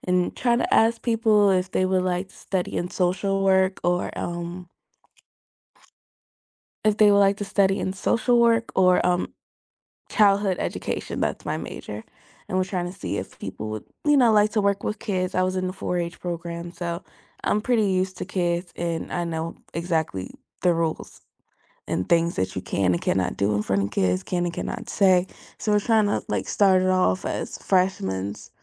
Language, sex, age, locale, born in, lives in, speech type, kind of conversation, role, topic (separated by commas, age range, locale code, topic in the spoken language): English, female, 18-19, en-US, United States, United States, conversation, unstructured, none, How do you build a network of people who truly help you grow, not just show up?